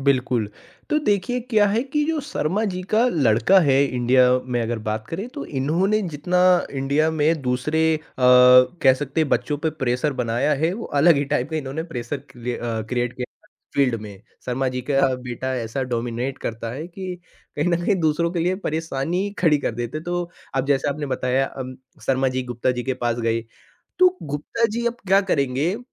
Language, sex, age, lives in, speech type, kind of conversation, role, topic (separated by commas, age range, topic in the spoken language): Hindi, male, 20-24, India, conversation, podcast, guest, Social comparison se tum kaise deal karte ho?